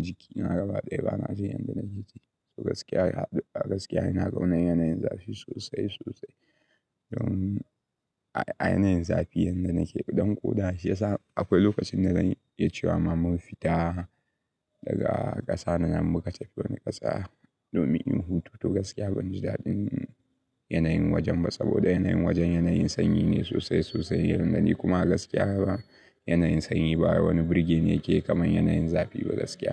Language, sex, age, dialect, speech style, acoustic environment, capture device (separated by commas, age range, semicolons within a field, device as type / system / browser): Hausa, male, 18-24, Nigeria - Kano, anxious; excited, nature; traffic, mobile / Linux / Chrome